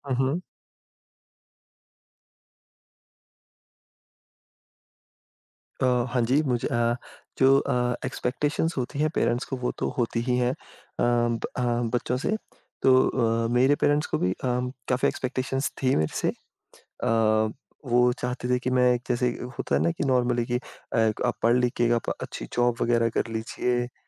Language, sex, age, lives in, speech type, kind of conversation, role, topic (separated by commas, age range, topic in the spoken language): Hindi, male, 25-29, India, conversation, podcast, guest, Parivaar se career related expectations pe tum kya sochte ho?